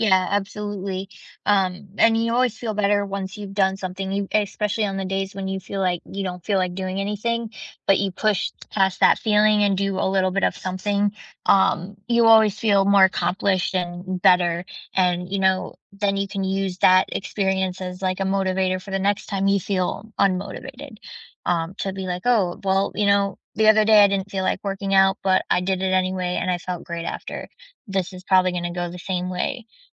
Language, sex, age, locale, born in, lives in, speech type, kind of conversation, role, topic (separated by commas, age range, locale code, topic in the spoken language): English, female, 25-29, en-US, United States, United States, conversation, unstructured, none, How do your everyday routines bring you closer to your big dreams?